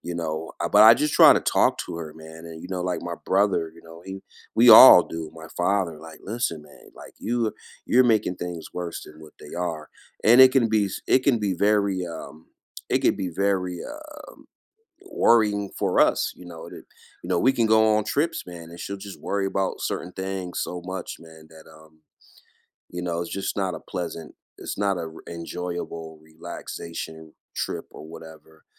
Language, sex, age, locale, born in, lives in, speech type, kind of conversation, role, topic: English, male, 45-49, en-US, United States, United States, conversation, unstructured, none, How do you handle recurring family drama?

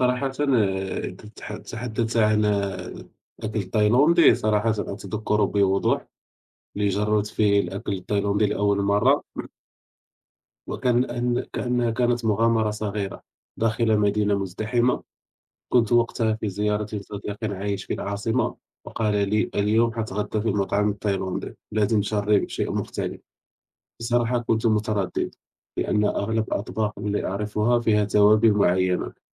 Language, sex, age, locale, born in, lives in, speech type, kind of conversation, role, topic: Arabic, male, 30-34, ar-MA, Morocco, France, conversation, unstructured, none, إنت بتحب تجرّب أكلات من ثقافات مختلفة، وأنهي نوع عجبك أكتر؟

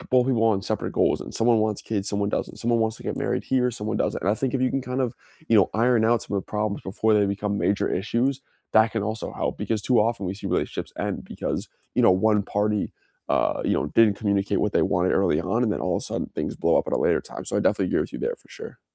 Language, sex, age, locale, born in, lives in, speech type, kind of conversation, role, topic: English, male, 20-24, en-US, United States, United States, conversation, unstructured, none, What makes a relationship last?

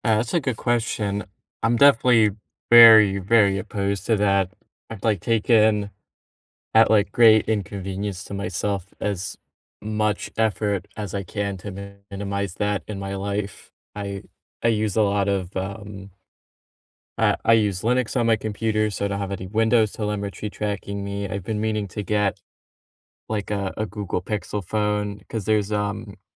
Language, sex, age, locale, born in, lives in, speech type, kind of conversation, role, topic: English, male, 20-24, en-US, United States, United States, conversation, unstructured, none, How do you feel about companies tracking your online activity?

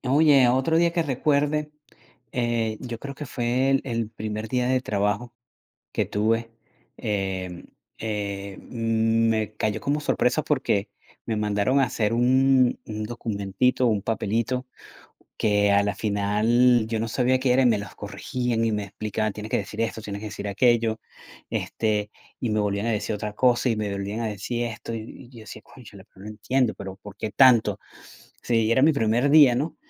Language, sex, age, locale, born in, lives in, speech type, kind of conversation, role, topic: Spanish, male, 45-49, es-VE, Venezuela, United States, conversation, unstructured, none, ¿Recuerdas un día de trabajo que te haya hecho muy feliz?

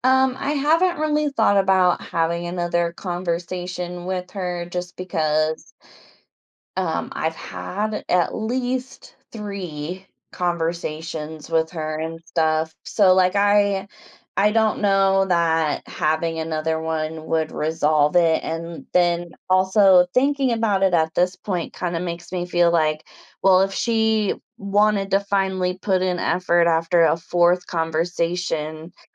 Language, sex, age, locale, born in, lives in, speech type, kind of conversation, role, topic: English, female, 30-34, en-US, United States, United States, conversation, advice, user, How can I resolve a disagreement with a close friend and repair our relationship?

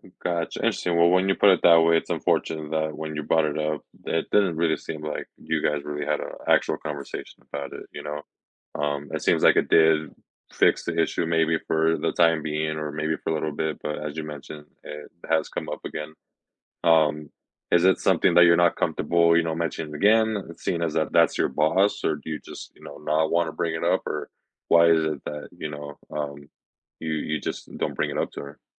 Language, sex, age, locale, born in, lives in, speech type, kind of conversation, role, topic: English, male, 25-29, en-US, United States, United States, conversation, advice, advisor, How can I tell if quitting my job for more freedom is a realistic option for me?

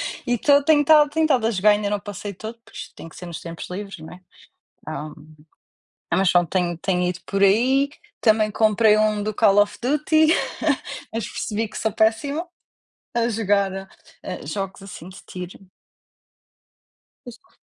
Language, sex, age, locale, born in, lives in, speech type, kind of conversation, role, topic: Portuguese, female, 30-34, pt-PT, Portugal, Portugal, conversation, unstructured, none, Como seus hobbies ajudam a reduzir o estresse?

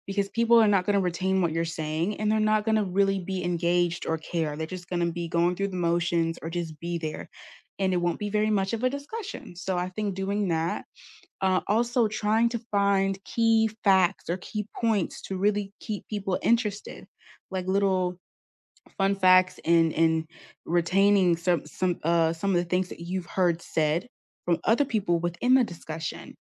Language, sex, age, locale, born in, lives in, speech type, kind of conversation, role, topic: English, female, 20-24, en-US, United States, United States, conversation, unstructured, none, What makes a class discussion feel inclusive to you?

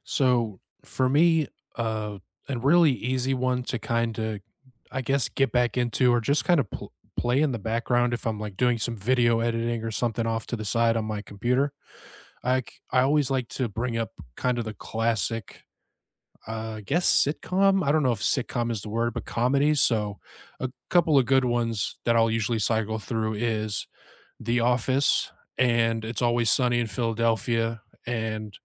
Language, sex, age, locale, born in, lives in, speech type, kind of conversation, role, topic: English, male, 35-39, en-US, United States, United States, conversation, unstructured, none, What comfort shows do you return to, and which favorite moments always lift your spirits?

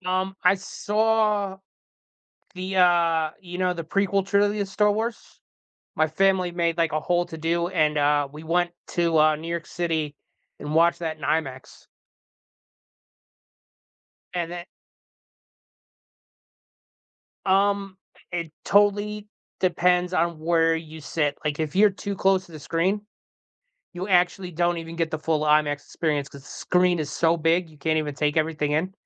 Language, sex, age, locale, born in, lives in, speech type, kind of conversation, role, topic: English, male, 40-44, en-US, United States, United States, conversation, unstructured, none, What was the first movie that made you fall in love with cinema, and what memories does it bring back?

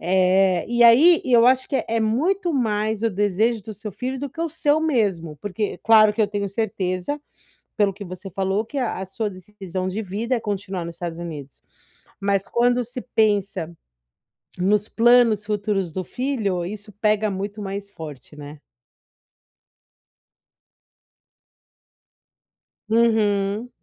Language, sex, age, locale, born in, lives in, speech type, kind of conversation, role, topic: Portuguese, female, 40-44, pt-BR, Brazil, United States, conversation, advice, advisor, Como posso lidar com a ansiedade e a incerteza durante mudanças?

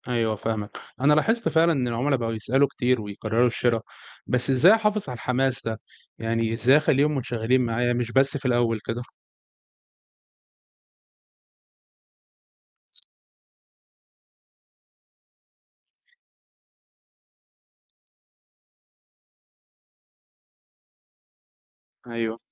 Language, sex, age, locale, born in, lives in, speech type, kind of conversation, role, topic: Arabic, male, 20-24, ar-EG, Egypt, Egypt, read, expert-assistant, asker, مين أنسب أكتر للشركات اللي لسه بتبدأ: استراتيجية التركيز على سوق واحد ولا التوسع في كذا سوق في نفس الوقت؟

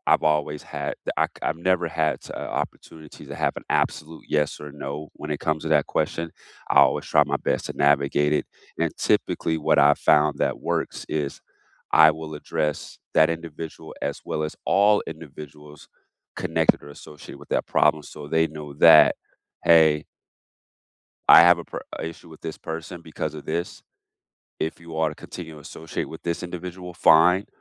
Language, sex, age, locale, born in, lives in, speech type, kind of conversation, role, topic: English, male, 35-39, en-US, United States, United States, conversation, unstructured, none, Why is it important to forgive someone?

